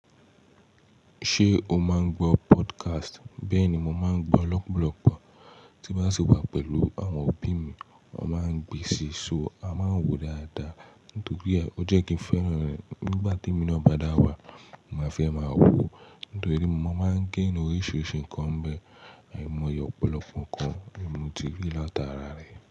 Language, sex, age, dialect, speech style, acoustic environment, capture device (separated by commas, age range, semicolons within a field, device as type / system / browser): Yoruba, male, 18-24, Nigeria - Nigerian Standard, focused, silence, mobile / Linux / Chrome